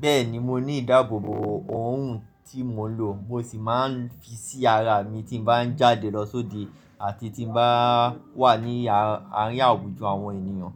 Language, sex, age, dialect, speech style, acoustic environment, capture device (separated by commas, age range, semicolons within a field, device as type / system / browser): Yoruba, male, 25-34, Nigeria - Lagos Yoruba, focused, people talking, mobile / Linux / Chrome